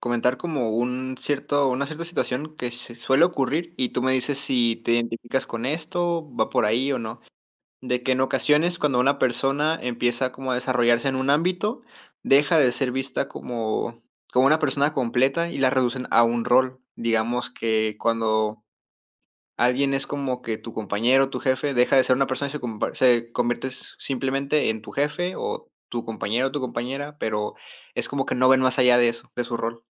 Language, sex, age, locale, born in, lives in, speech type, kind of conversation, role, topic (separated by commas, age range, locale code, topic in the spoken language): Spanish, male, 20-24, es-MX, Mexico, Mexico, conversation, advice, advisor, ¿Cómo puedo mantener mi identidad personal mientras asumo nuevas responsabilidades familiares?